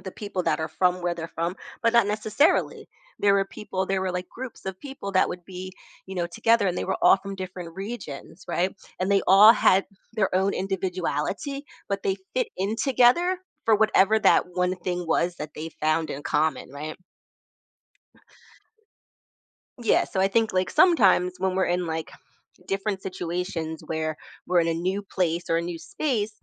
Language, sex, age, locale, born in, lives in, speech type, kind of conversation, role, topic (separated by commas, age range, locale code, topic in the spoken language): English, female, 40-44, en-US, United States, United States, conversation, podcast, guest, Why do we seek both acceptance and individuality in our lives?